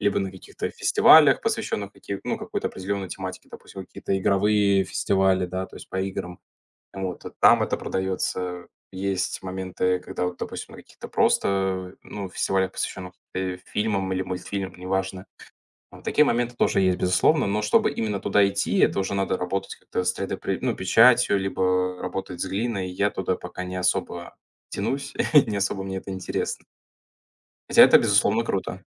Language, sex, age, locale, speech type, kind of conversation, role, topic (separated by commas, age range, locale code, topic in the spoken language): Russian, male, 20-24, ru-RU, conversation, podcast, guest, Как ты находишь свой творческий голос?